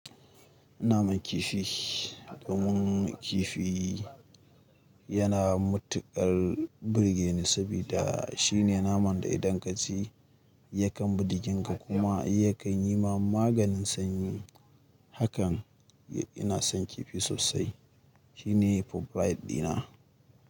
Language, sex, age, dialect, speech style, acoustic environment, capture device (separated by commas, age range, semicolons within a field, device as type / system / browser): Hausa, male, 25-34, Nigeria - Kano, nervous; excited; focused; stressed; happy; anxious; tired; relaxed, music; people talking; nature; silence; traffic; construction; appliances, mobile / Linux / Chrome